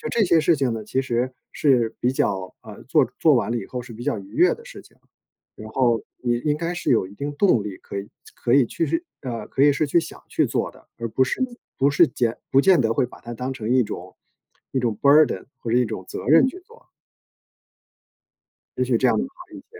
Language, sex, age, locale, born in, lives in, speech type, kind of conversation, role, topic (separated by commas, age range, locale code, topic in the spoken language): Chinese, male, 50-54, zh-CN, China, United States, conversation, advice, advisor, 你的周末生活为什么会变得没有规律、越来越混乱？